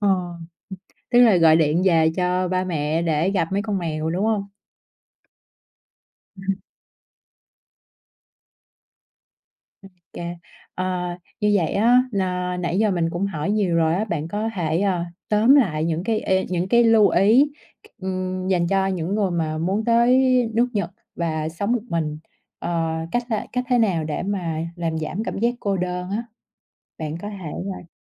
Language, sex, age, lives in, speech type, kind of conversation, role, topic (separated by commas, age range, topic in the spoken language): Vietnamese, female, 30-34, Vietnam, conversation, podcast, host, Bạn xử lý cảm giác cô đơn khi ở một mình như thế nào?